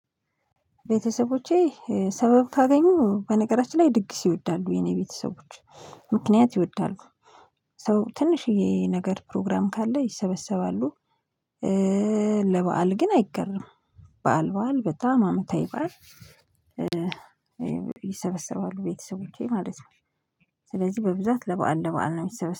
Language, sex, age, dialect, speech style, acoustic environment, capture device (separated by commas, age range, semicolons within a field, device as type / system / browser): Amharic, female, 25-34, Ethiopia - Addis Ababa, happy, silence, mobile / Linux / Chrome